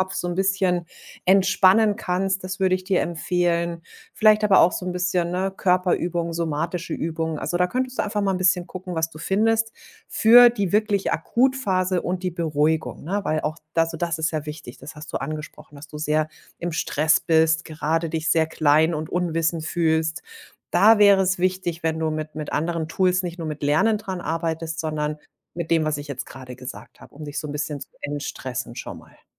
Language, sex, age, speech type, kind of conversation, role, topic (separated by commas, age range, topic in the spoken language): German, female, 40-44, conversation, advice, advisor, Wie kann ich mit Prüfungs- oder Leistungsangst vor einer Präsentation oder einem Vorstellungsgespräch umgehen?